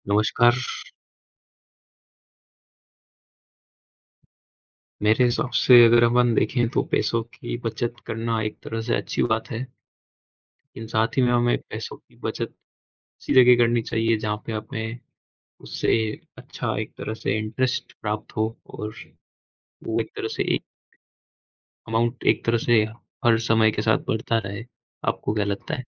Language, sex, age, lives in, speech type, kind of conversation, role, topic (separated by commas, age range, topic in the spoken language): Hindi, male, 20-24, India, conversation, unstructured, none, पैसे बचाने के लिए सबसे अच्छी आदत क्या हो सकती है?